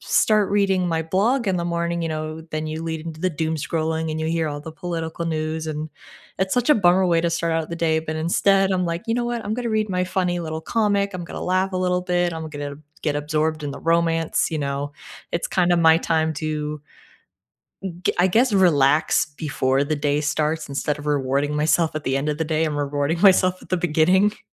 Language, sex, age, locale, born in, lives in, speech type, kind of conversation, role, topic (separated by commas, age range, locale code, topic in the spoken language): English, female, 25-29, en-US, United States, United States, conversation, unstructured, none, What small morning habits genuinely improve your day’s start, and how did you develop them?